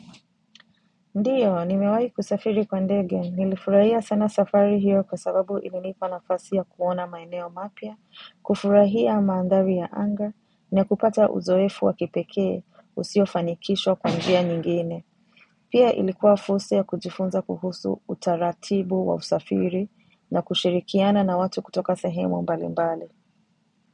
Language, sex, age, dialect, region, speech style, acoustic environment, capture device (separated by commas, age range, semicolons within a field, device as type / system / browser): Swahili, female, 25-34, Kenya - Mombasa, Kenya, relaxed; focused, nature; silence; appliances, mobile / macOS / Safari